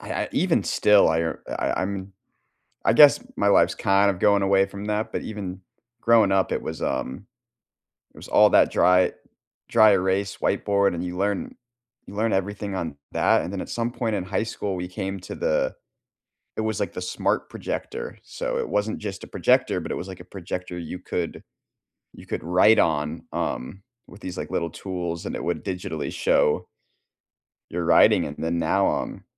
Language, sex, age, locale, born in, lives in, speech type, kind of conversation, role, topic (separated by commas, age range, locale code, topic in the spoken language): English, male, 25-29, en-US, United States, United States, conversation, unstructured, none, How have your classroom experiences changed from chalkboards to AI over the years?